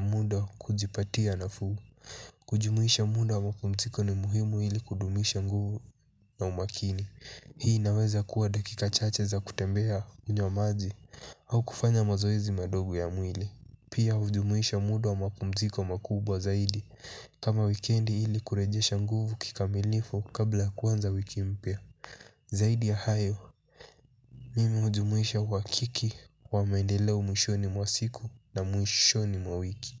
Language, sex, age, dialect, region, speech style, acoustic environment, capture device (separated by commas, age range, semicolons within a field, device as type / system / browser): Swahili, male, 18-24, Kenya - Mombasa, Kenya, relaxed, silence, mobile / Linux / Chrome